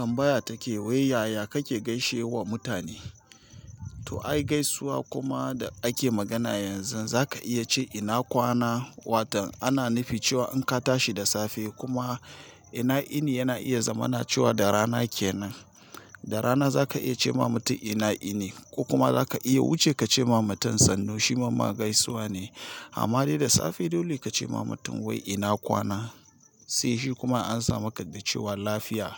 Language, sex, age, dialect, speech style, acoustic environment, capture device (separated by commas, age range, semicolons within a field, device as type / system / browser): Hausa, male, 35-44, Nigeria - Nigerian Standard, excited, silence, mobile / Linux / Chrome